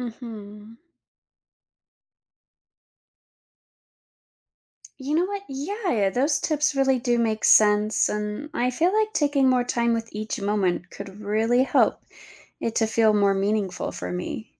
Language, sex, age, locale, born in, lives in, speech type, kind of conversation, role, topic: English, female, 30-34, en-US, United States, United States, read, expert-assistant, asker, What are some ways to practice gratitude in everyday life?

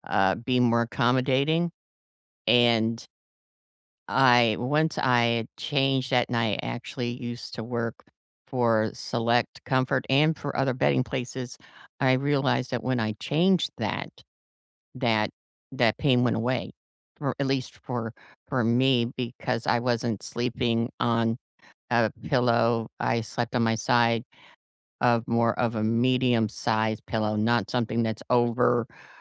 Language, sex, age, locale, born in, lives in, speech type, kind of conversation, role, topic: English, female, 55-59, en-US, United States, United States, conversation, unstructured, none, How do you balance motivation and rest when your routine starts to feel stale?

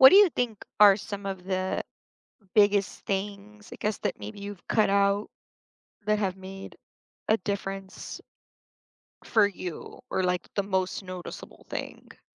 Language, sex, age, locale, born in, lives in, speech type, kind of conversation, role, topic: English, female, 35-39, en-US, United States, United States, conversation, unstructured, none, Which small daily rituals help you feel your best, and how can we encourage each other?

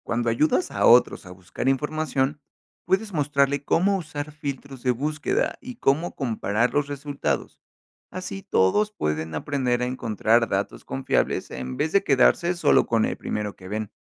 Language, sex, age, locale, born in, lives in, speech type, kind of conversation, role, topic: Spanish, male, 30-34, es-MX, Mexico, Mexico, read, expert-assistant, expert, ¿Qué impacto tiene la tecnología en la forma en que estudiamos hoy?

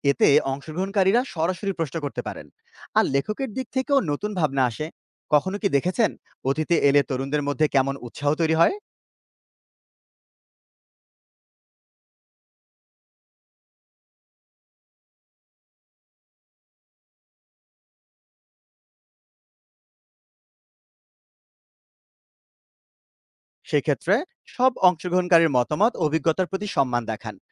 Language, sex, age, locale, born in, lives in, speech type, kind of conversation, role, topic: Bengali, male, 30-34, bn-BD, Bangladesh, Bangladesh, read, expert-assistant, expert, আজকের সাহিত্যকে আরও বেশি মানুষের কাছে পৌঁছানোর জন্য কী কী উপায় নেওয়া যেতে পারে?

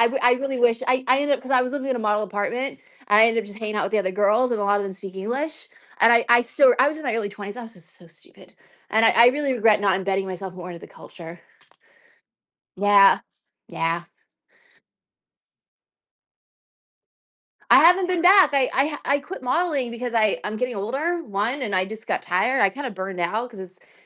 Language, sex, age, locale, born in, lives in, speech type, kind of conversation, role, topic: English, female, 35-39, en-US, United States, United States, conversation, unstructured, none, What is your favorite place you have ever visited?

